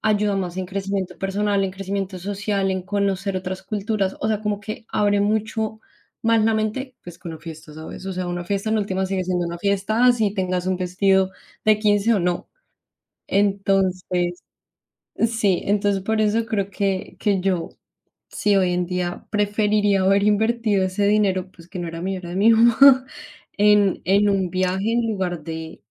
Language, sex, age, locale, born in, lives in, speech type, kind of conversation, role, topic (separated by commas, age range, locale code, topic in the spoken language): Spanish, female, 25-29, es-CO, Colombia, Spain, conversation, unstructured, none, ¿Qué te hace sentir más feliz al manejar tu dinero?